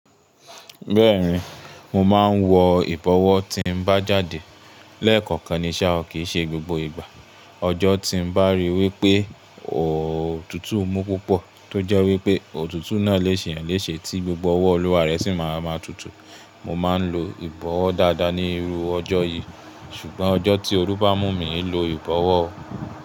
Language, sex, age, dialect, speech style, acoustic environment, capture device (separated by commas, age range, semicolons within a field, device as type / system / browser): Yoruba, male, 25-34, Nigeria - Ibadan, relaxed, silence, mobile / Linux / Chrome